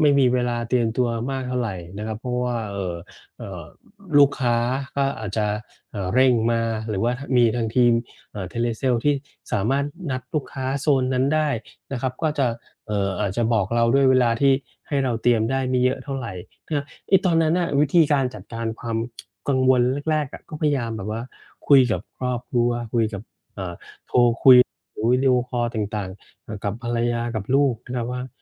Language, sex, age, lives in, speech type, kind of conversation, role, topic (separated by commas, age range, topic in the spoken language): Thai, male, 40-44, Thailand, conversation, podcast, guest, การเดินทางเคยเปลี่ยนมุมมองชีวิตคุณไหม?